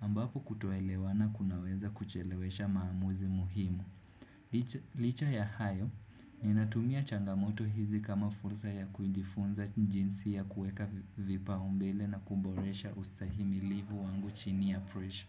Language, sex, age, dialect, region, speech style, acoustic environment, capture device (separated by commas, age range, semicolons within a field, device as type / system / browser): Swahili, male, 18-24, Kenya - Nairobi Sheng-influenced, Kenya, happy; focused, nature, desktop / Windows / Chrome